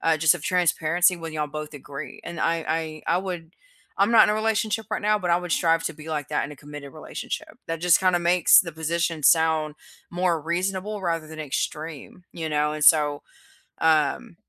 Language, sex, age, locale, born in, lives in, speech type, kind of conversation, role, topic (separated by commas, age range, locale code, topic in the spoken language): English, female, 30-34, en-US, United States, United States, conversation, unstructured, none, Does sharing passwords build trust, or does privacy better protect healthy relationships in modern partnerships?